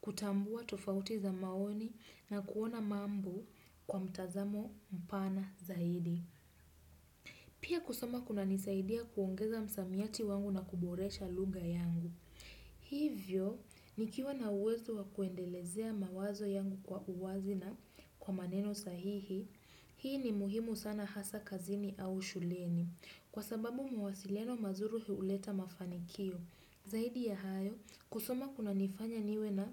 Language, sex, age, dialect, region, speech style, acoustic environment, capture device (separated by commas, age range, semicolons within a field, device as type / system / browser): Swahili, female, 18-24, Kenya - Nairobi Sheng-influenced, Kenya, relaxed, silence, desktop / Windows / Chrome